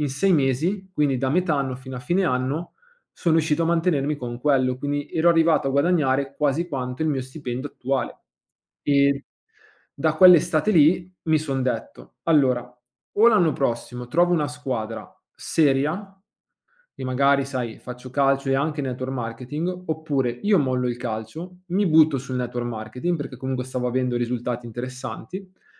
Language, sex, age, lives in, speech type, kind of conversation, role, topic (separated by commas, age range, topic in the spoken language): Italian, male, 25-29, Italy, conversation, podcast, guest, Quali segnali ti hanno fatto capire che era il momento di cambiare lavoro?